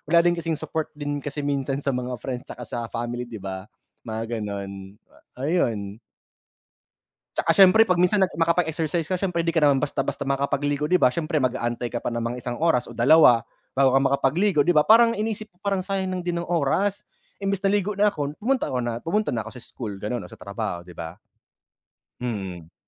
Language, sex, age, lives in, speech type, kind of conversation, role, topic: Filipino, male, 30-34, Philippines, conversation, unstructured, none, Ano ang palagay mo sa mga taong hindi naliligo araw-araw?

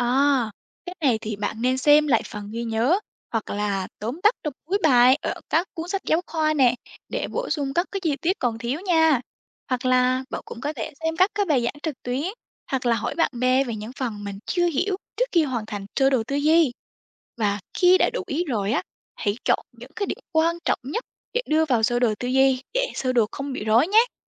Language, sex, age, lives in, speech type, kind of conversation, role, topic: Vietnamese, female, 18-19, Vietnam, read, expert-assistant, expert, Làm thế nào để xây dựng mục tiêu học tập rõ ràng?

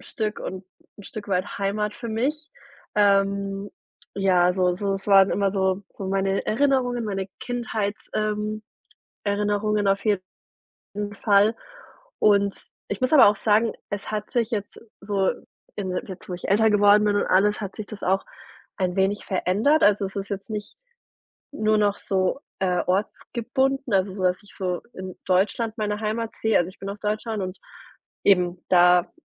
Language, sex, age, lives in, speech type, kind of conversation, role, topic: German, female, 30-34, Germany, conversation, podcast, guest, Was bedeutet Heimat für dich heute?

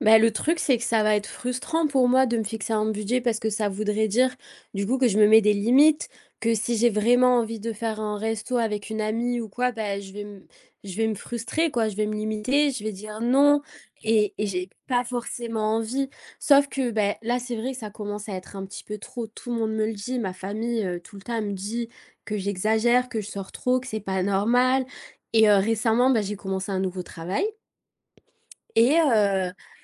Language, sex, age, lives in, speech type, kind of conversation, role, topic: French, female, 25-29, France, conversation, advice, user, Pourquoi je n’arrive pas à respecter mon budget mensuel ?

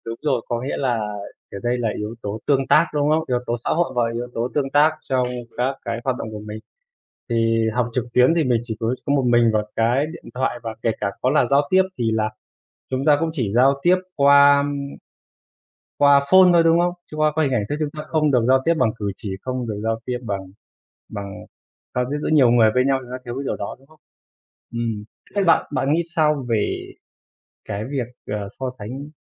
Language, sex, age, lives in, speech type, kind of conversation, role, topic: Vietnamese, male, 25-29, Vietnam, conversation, unstructured, none, Bạn nghĩ thế nào về việc học trực tuyến so với học truyền thống?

